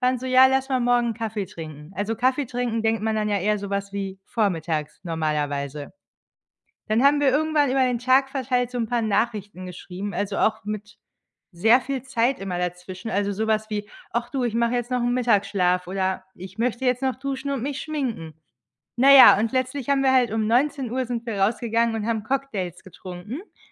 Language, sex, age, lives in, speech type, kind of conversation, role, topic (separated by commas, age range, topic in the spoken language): German, female, 30-34, Germany, conversation, advice, user, Warum komme ich ständig zu spät zu Terminen oder Verabredungen?